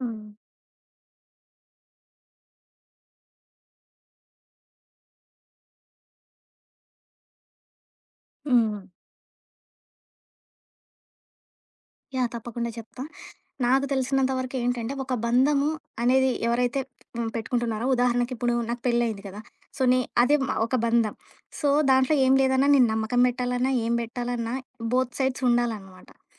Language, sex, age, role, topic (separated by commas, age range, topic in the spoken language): Telugu, female, 25-29, guest, నిజమైన అనుబంధం అంటే మీకు ఏమిటి?